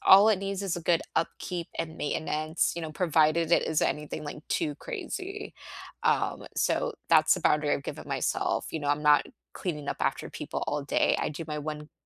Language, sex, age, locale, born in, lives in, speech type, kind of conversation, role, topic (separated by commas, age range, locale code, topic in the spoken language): English, female, 25-29, en-US, United States, United States, conversation, unstructured, none, If you rebuilt your mornings from scratch, how would you make them more energizing and meaningful this year?